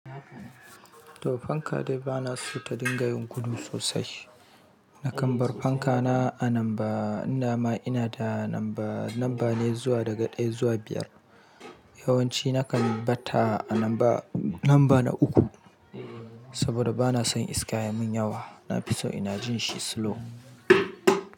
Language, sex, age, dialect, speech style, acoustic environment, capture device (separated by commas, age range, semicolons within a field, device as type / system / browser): Hausa, male, 35-44, Nigeria - Kano, happy, silence, mobile / Linux / Chrome